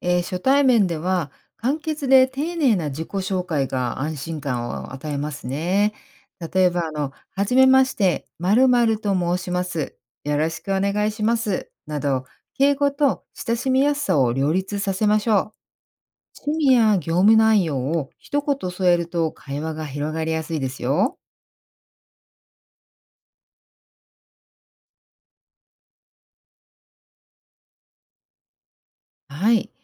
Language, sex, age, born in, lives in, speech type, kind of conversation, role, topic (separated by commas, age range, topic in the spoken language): Japanese, female, 50-54, Japan, United States, read, expert-assistant, expert, 世代や立場の違う人と自然に会話するための言葉の選び方、どうしていますか？